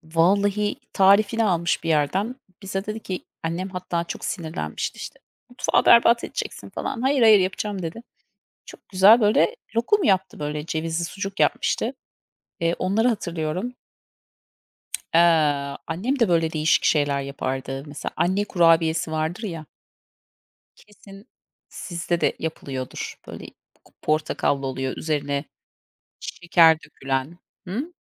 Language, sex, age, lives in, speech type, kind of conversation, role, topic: Turkish, female, 40-44, United States, conversation, unstructured, none, Hangi atıştırmalıklar size ailenizle geçirdiğiniz zamanları hatırlatıyor?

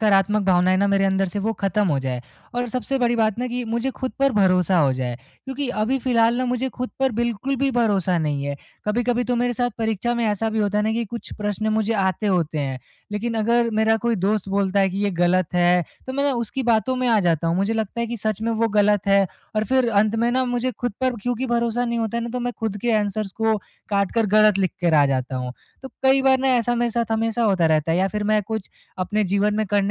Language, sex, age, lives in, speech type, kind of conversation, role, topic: Hindi, male, 20-24, India, conversation, advice, user, मैं खुद पर अनावश्यक दबाव डाले बिना प्रेरित कैसे रह सकता/सकती हूँ?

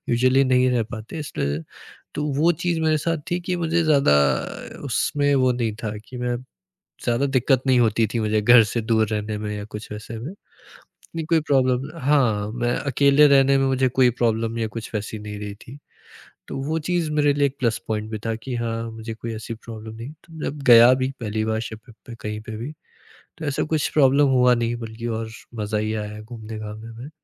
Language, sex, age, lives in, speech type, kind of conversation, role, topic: Hindi, male, 20-24, India, conversation, podcast, guest, Family ki career expectations ko aap kaise handle karte hain?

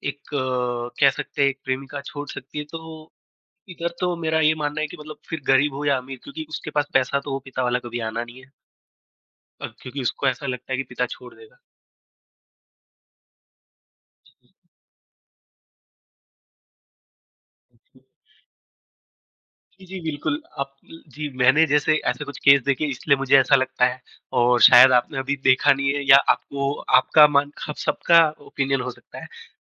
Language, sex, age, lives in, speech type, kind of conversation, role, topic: Hindi, female, 20-24, India, conversation, unstructured, none, क्या पैसे से खुशियाँ खरीदी जा सकती हैं, और आपका इस बारे में क्या मानना है?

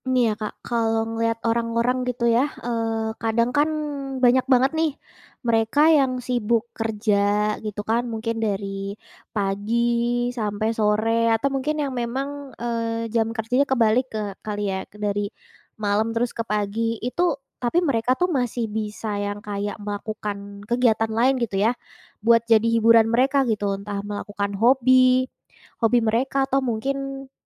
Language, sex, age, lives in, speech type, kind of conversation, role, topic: Indonesian, female, 20-24, Indonesia, conversation, podcast, host, Bagaimana cara menyeimbangkan hobi baru dengan tuntutan sekolah atau pekerjaan?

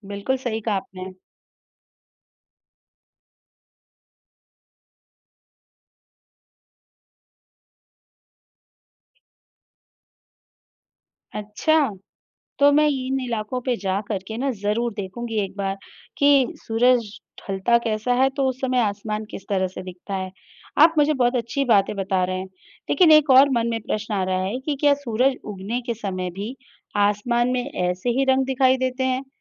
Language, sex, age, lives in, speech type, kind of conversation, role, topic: Hindi, female, 35-39, India, read, expert-assistant, asker, सूरज डूबने के बाद आसमान लाल क्यों हो जाता है?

